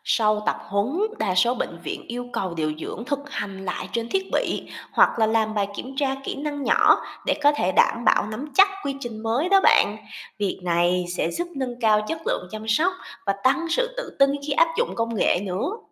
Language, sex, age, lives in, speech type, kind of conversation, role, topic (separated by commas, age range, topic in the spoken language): Vietnamese, female, 25-29, Vietnam, read, expert-assistant, expert, Nếu muốn tìm hiểu về các xu hướng mới trong ngành điều dưỡng, mình nên bắt đầu từ đâu và nguồn thông tin nào là đáng tin cậy?